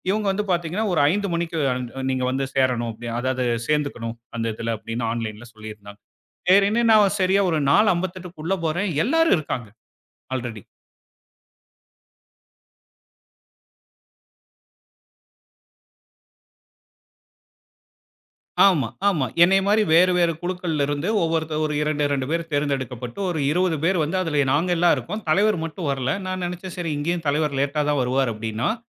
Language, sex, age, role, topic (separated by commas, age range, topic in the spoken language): Tamil, male, 35-39, guest, சிறந்த கூட்டத்தை நடத்த எளிய வழிமுறைகள் என்ன?